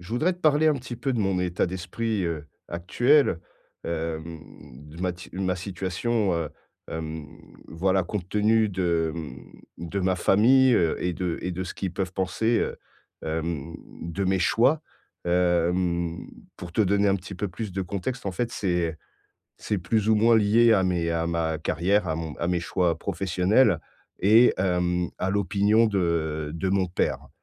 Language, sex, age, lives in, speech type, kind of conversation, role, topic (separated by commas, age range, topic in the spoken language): French, male, 40-44, France, conversation, advice, user, Comment mieux gérer les attentes de ma famille et la pression sociale ?